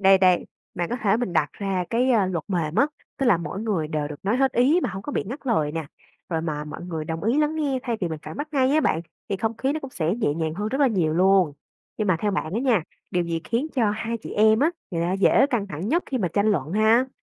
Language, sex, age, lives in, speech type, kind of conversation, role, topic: Vietnamese, female, 25-29, Vietnam, read, expert-assistant, expert, Khi hai người thân xảy ra mâu thuẫn, điều gì mới thực sự quan trọng: giữ hòa khí hay bảo vệ quan điểm của mình?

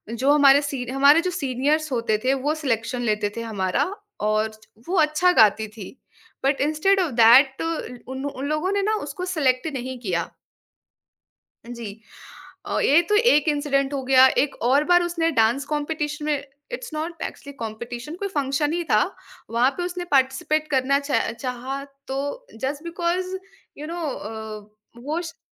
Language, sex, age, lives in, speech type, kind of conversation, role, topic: Hindi, female, 20-24, India, conversation, podcast, guest, Negative self-talk ko tum kaise rok dete ho?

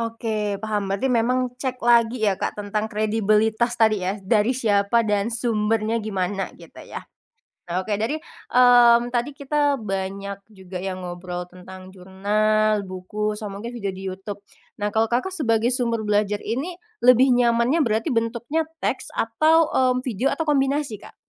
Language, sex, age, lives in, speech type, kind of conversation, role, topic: Indonesian, female, 25-29, Indonesia, conversation, podcast, host, Bagaimana kamu memilih sumber belajar yang dapat dipercaya?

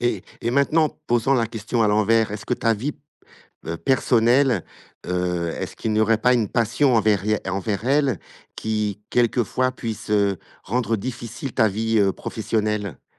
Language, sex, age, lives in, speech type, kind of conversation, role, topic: French, male, 55-59, Portugal, conversation, podcast, host, Comment trouves-tu l’équilibre entre ton travail et ta vie personnelle ?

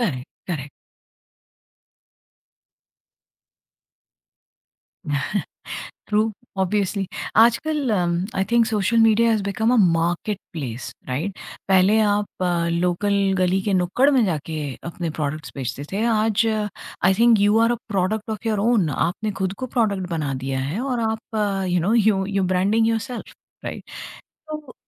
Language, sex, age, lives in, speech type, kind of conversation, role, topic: Hindi, female, 45-49, India, conversation, podcast, host, Simple words mein influencers ka youth par kya asar padta hai?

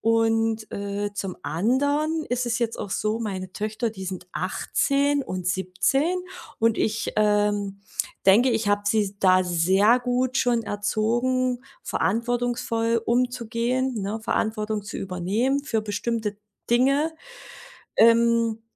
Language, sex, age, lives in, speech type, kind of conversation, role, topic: German, female, 40-44, Germany, conversation, podcast, guest, Wie können Eltern ihren Kindern Verantwortung beibringen?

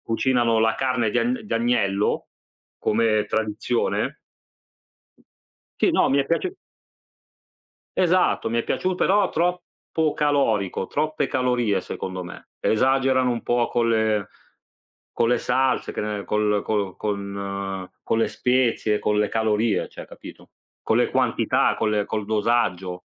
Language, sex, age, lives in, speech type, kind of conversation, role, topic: Italian, male, 40-44, Italy, conversation, unstructured, none, Qual è il piatto che proprio non riesci a sopportare a tavola?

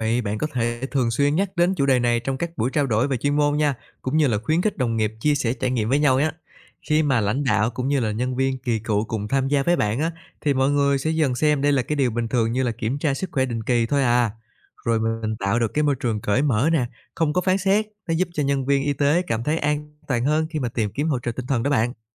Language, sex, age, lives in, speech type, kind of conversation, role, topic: Vietnamese, male, 25-29, Vietnam, read, expert-assistant, expert, Làm thế nào để giữ vững tinh thần và động lực khi làm nghề y?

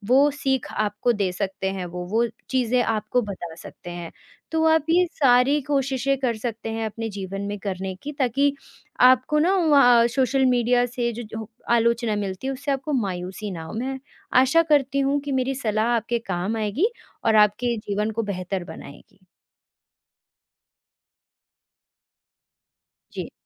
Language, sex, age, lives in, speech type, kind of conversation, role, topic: Hindi, female, 20-24, India, conversation, advice, advisor, सोशल मीडिया पर आलोचना मिलने पर आपको गुस्सा और उदासी क्यों महसूस होती है?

